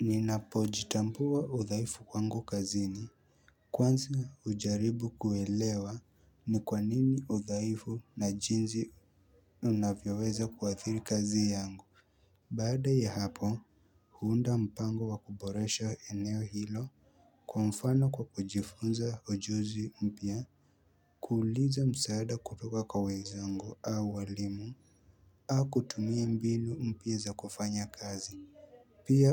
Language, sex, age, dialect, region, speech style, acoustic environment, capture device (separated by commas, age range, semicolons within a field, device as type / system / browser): Swahili, male, 18-24, Kenya - Nairobi Sheng-influenced, Kenya, happy; focused; excited, silence, mobile / Linux / Chrome